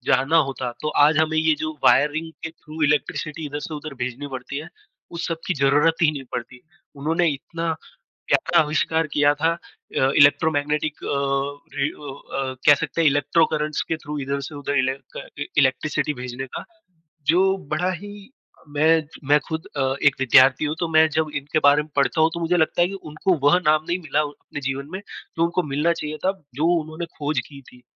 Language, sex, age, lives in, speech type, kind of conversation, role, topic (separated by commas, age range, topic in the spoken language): Hindi, female, 20-24, India, conversation, unstructured, none, इतिहास की कौन-सी कहानी तुम्हें सबसे ज़्यादा दिलचस्प लगती है?